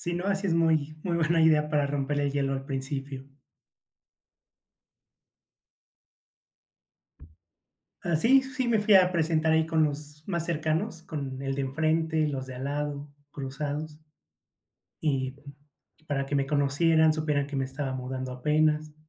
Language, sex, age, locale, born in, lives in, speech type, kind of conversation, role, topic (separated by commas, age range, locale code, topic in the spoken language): Spanish, male, 30-34, es-MX, Mexico, Mexico, conversation, podcast, guest, ¿Qué consejos le darías a alguien que acaba de llegar a un barrio nuevo?